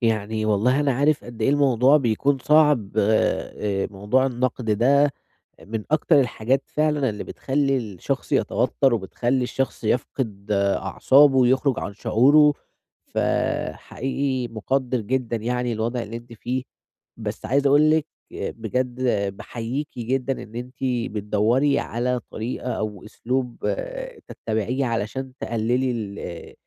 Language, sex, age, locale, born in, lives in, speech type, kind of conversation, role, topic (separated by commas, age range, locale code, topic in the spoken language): Arabic, male, 20-24, ar-EG, Egypt, Egypt, conversation, advice, advisor, إزاي أستقبل النقد بهدوء وأرد رد بنّاء من غير ما أبقى دفاعي؟